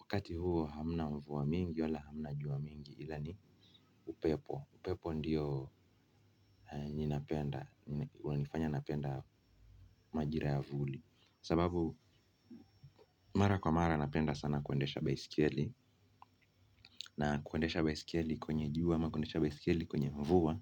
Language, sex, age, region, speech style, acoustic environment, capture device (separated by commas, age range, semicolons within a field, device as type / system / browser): Swahili, male, 25-34, Kenya, nervous, silence, mobile / Linux / Chrome